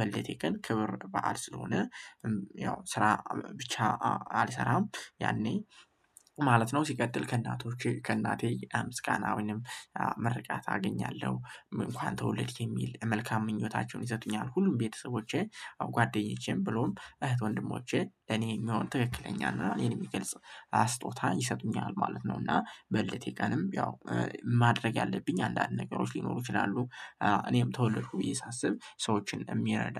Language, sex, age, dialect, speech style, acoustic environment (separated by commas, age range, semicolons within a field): Amharic, male, 18-24, Ethiopia - Gojjam, happy, people talking; nature; traffic